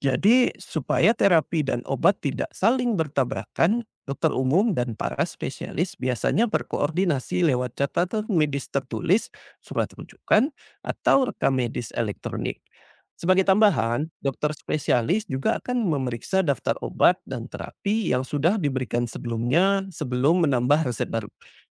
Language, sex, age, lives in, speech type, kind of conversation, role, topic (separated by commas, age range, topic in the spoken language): Indonesian, male, 40-44, Indonesia, read, expert-assistant, expert, Kapan sebaiknya konsultasi ke dokter umum atau langsung ke spesialis untuk kesehatan keluarga?